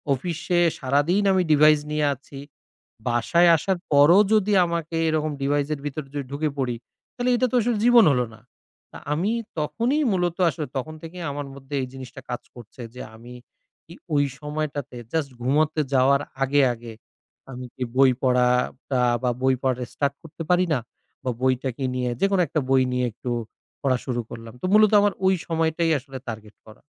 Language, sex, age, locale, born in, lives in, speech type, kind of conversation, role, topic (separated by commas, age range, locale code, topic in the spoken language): Bengali, male, 30-34, bn-BD, Bangladesh, Bangladesh, conversation, advice, user, বই পড়া বা ধ্যানকে কীভাবে প্রতিদিনের অভ্যাস হিসেবে গড়ে তুলতে পারি?